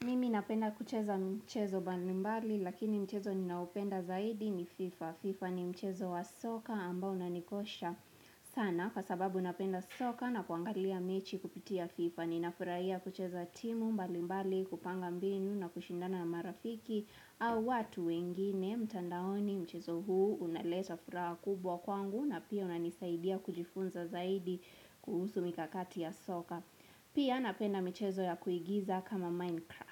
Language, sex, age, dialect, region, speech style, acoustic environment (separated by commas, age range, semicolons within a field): Swahili, female, 18-24, Kenya - Nairobi Sheng-influenced, Kenya, relaxed, silence